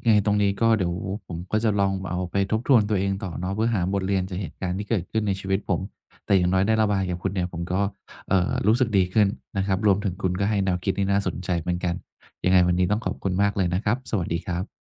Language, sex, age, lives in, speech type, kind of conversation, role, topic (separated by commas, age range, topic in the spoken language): Thai, male, 50-54, Thailand, conversation, advice, user, จะเปลี่ยนความล้มเหลวให้เป็นบทเรียนและกล้าลองใหม่ได้อย่างไร?